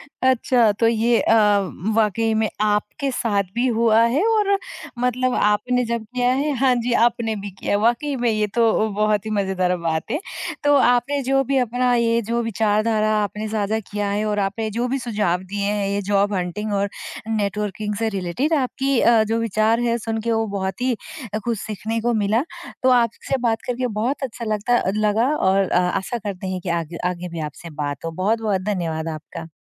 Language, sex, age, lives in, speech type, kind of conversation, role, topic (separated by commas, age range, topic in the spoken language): Hindi, female, 20-24, India, conversation, podcast, host, Job hunt ke dauran networking kaise karte ho, aur koi ek simple tip kya hai?